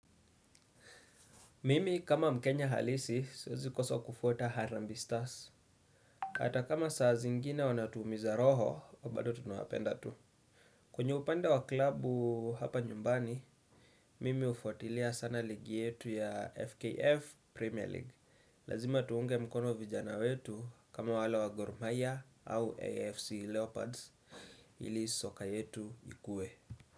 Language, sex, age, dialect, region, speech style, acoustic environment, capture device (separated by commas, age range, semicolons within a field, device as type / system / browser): Swahili, male, 25-34, Kenya - Nairobi Sheng-influenced, Kenya, relaxed, silence, desktop / Windows / Chrome